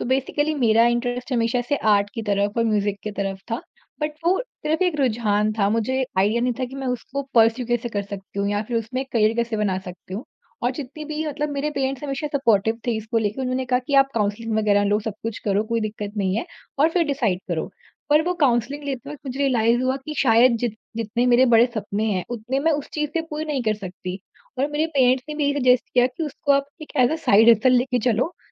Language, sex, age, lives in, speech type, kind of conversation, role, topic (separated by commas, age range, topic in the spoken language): Hindi, female, 40-44, India, conversation, podcast, guest, Tum parents ki expectations aur apni khushi ke beech balance kaise banate ho?